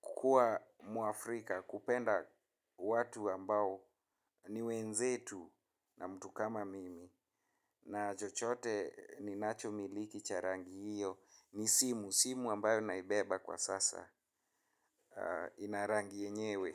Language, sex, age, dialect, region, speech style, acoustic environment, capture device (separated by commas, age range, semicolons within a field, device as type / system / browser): Swahili, male, 25-34, Kenya - Nairobi Sheng-influenced, Kenya, happy, traffic, mobile / Linux / Chrome